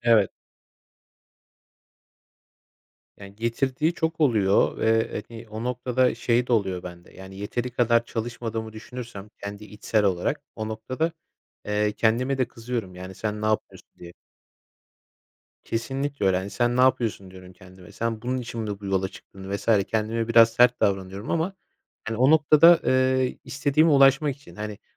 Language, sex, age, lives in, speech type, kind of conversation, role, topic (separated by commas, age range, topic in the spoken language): Turkish, male, 25-29, Poland, conversation, podcast, guest, Hangi becerilerini başka bir alana taşımak işine yaradı?